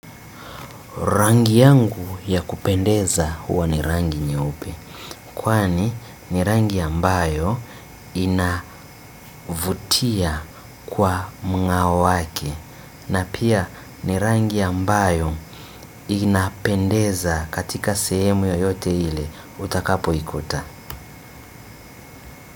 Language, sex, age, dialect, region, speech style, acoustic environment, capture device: Swahili, male, 25-34, Tanzania - Dar es Salaam, Tanzania, relaxed, silence; nature, desktop / Windows / Chrome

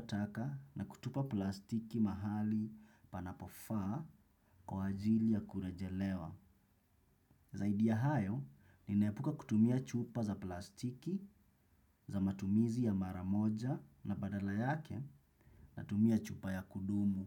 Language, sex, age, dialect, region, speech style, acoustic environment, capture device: Swahili, male, 25-34, Kenya - Mombasa, Kenya, relaxed; focused, silence, desktop / Windows / Chrome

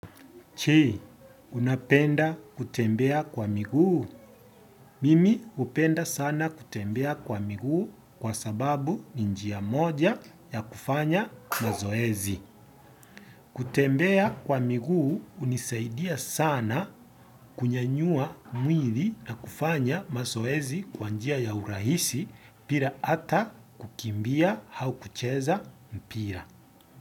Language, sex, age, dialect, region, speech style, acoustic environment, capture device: Swahili, male, 25-34, Kenya - Nairobi Sheng-influenced, Kenya, happy, silence, desktop / Windows / Edge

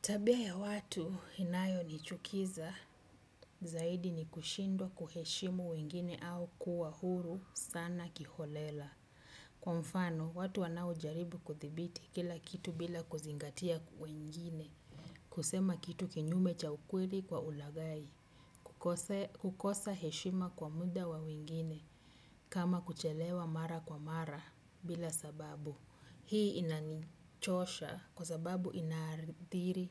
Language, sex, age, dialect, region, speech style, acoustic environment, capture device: Swahili, female, 35-44, Kenya - Mombasa, Kenya, happy, silence, desktop / Windows / Chrome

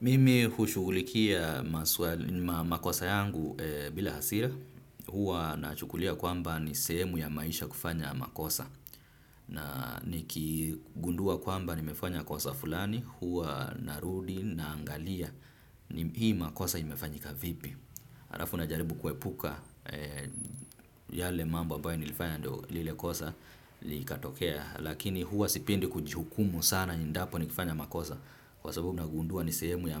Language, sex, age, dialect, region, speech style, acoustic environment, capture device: Swahili, male, 25-34, Kenya - Nairobi Sheng-influenced, Kenya, excited, traffic, desktop / Windows / Firefox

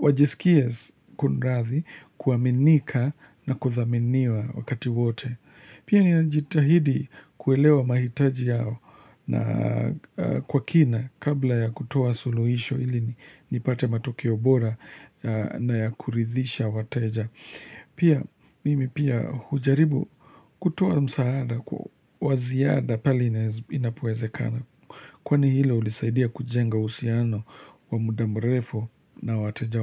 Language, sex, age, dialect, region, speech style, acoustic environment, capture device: Swahili, male, 25-34, Kenya - Nairobi Sheng-influenced, Kenya, relaxed, nature, mobile / Linux / Chrome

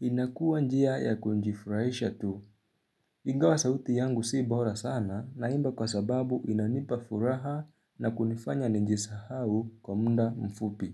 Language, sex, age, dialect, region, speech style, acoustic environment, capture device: Swahili, male, 18-24, Kenya - Nairobi Sheng-influenced, Kenya, relaxed, silence, mobile / Linux / Chrome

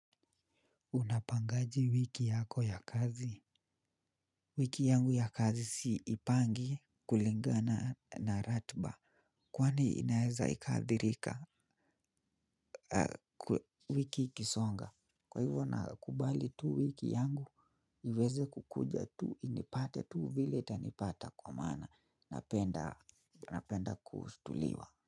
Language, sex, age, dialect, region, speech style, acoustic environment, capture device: Swahili, male, 25-34, Kenya - Nairobi Sheng-influenced, Kenya, relaxed, silence, mobile / Linux / Chrome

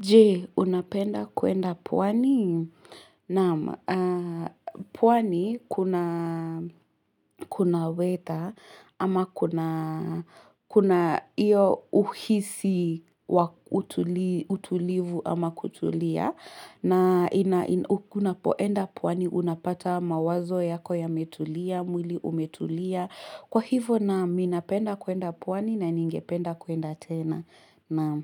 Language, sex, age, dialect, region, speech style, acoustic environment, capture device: Swahili, female, 18-24, Kenya - Nairobi Sheng-influenced, Kenya, relaxed, silence, mobile / Linux / Chrome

